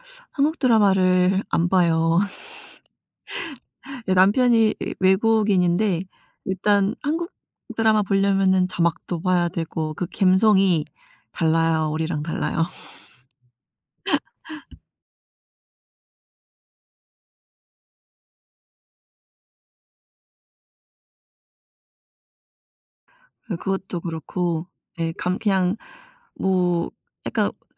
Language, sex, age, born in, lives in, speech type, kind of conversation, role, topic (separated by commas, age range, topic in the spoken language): Korean, female, 30-34, South Korea, United States, conversation, unstructured, none, 요즘 유행하는 드라마 중에 추천할 만한 게 있나요?